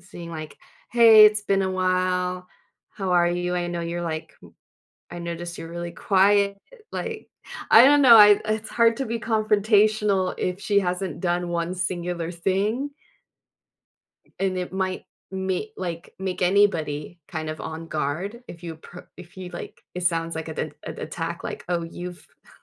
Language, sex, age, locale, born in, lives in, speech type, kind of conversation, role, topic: English, female, 40-44, en-US, United States, United States, conversation, advice, user, How can I stop a friend from only contacting me for favors?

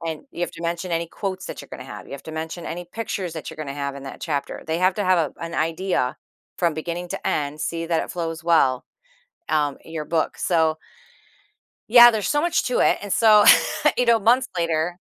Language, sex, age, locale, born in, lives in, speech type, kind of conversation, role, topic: English, female, 50-54, en-US, United States, United States, conversation, advice, user, How can I stay motivated and make steady progress on a long project?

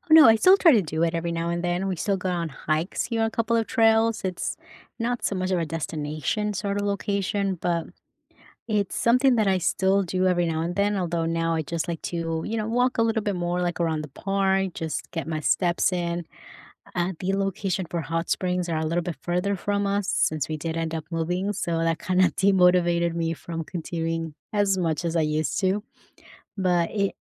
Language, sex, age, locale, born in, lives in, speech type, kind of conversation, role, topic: English, female, 30-34, en-US, United States, United States, conversation, unstructured, none, What outdoor activities boost your mood and make conversation easier?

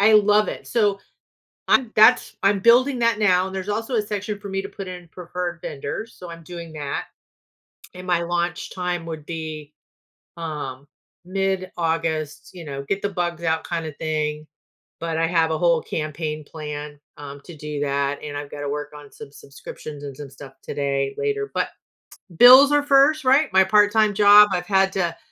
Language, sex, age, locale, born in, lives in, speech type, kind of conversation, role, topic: English, female, 55-59, en-US, United States, United States, conversation, advice, user, How can I set realistic personal growth goals?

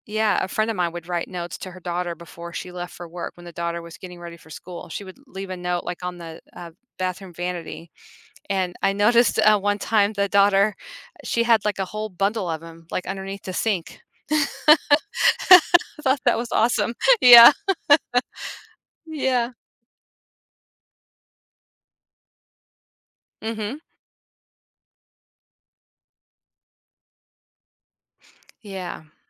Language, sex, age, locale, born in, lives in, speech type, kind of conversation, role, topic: English, female, 50-54, en-US, United States, United States, conversation, advice, user, How do I tell my friend I regret not sharing romantic feelings?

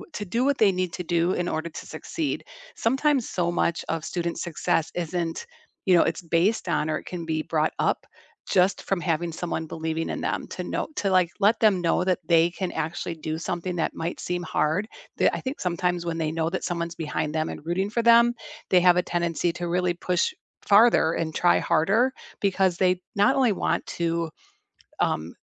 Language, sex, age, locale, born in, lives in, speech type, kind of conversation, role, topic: English, female, 55-59, en-US, United States, United States, conversation, unstructured, none, How can teachers inspire students?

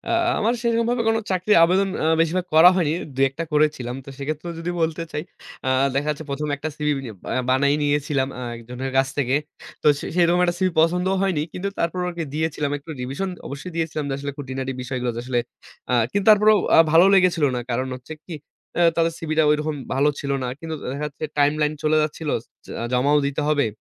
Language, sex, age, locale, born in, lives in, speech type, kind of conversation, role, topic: Bengali, male, 25-29, bn-BD, Bangladesh, Bangladesh, conversation, podcast, guest, একটি ভালো সিভি তৈরি করতে আপনি কী পরামর্শ দেবেন?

